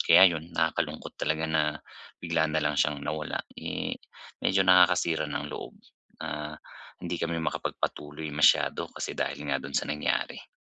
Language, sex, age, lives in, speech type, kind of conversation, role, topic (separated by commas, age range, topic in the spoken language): Filipino, male, 35-39, Philippines, conversation, advice, user, Paano ko muling mabubuo ang sarili ko matapos masira ang mga plano?